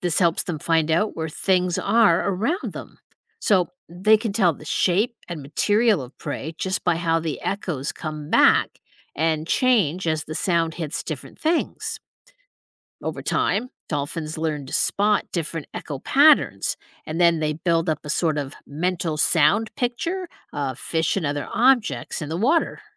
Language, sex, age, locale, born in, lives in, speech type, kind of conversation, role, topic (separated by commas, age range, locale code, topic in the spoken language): English, female, 55-59, en-US, United States, United States, read, expert-assistant, expert, How do dolphins use sound to hunt?